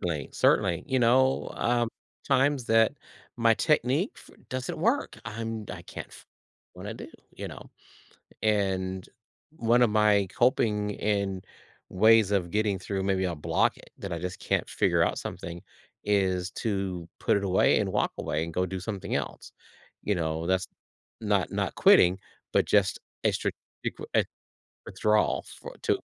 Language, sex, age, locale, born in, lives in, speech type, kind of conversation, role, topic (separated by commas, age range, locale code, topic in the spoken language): English, male, 55-59, en-US, United States, United States, conversation, podcast, guest, How can setbacks and mistakes help us grow creatively?